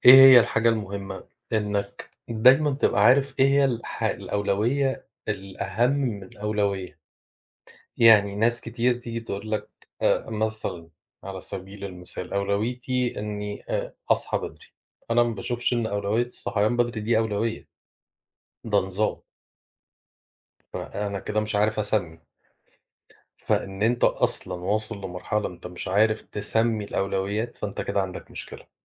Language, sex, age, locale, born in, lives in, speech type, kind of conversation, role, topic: Arabic, male, 40-44, ar-EG, Egypt, Portugal, conversation, unstructured, none, إيه عاداتك اليومية اللي بتساعدك تنظم وقتك؟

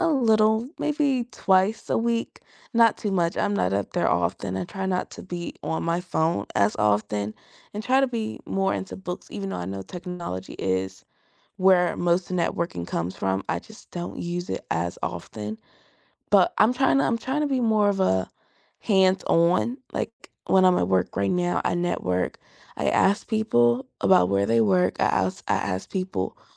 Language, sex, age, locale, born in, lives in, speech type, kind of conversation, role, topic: English, female, 18-19, en-US, United States, United States, conversation, unstructured, none, How do you build a network of people who truly help you grow, not just show up?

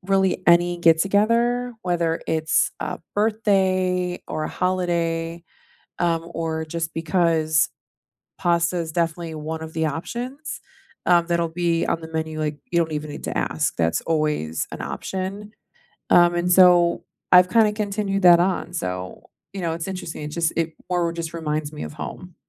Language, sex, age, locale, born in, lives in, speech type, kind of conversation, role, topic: English, female, 35-39, en-US, United States, United States, conversation, unstructured, none, What meal reminds you of home?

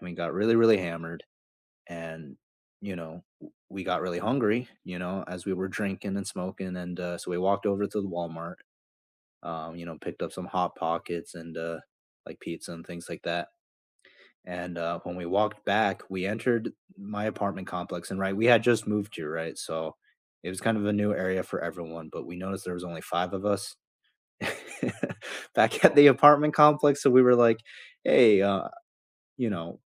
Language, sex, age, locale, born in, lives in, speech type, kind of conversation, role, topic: English, male, 25-29, en-US, United States, United States, conversation, unstructured, none, Which cherished memory do you love retelling with friends or family, and why?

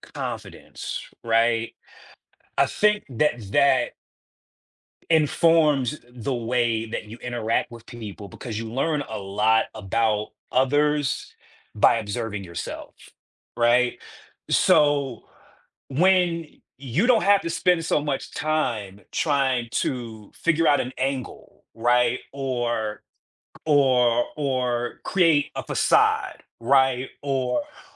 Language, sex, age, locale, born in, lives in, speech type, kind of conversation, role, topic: English, male, 40-44, en-US, United States, United States, conversation, podcast, guest, Why is it important to stay true to yourself, even when it’s difficult?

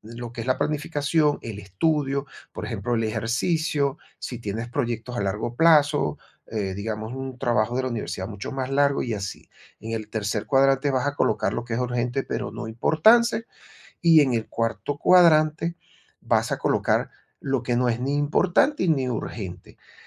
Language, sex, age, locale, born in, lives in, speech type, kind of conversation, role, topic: Spanish, male, 55-59, es-VE, Venezuela, Portugal, conversation, advice, advisor, ¿Cómo puedo dejar de procrastinar y priorizar mis tareas?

